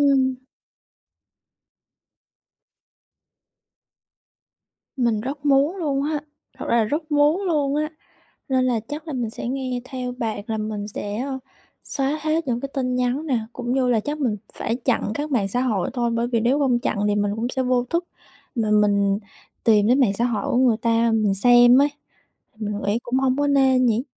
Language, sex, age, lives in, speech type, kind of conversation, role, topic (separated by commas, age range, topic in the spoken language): Vietnamese, female, 25-29, Vietnam, conversation, advice, user, Làm sao để tôi ngừng nghĩ về người yêu cũ mọi lúc?